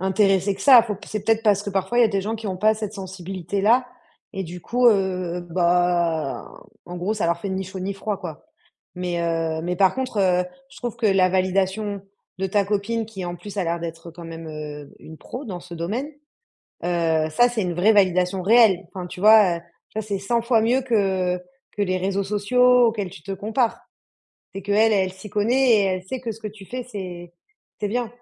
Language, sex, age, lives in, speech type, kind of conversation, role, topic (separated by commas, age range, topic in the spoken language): French, female, 30-34, France, conversation, advice, advisor, Comment vous comparez-vous aux autres sur les réseaux sociaux ?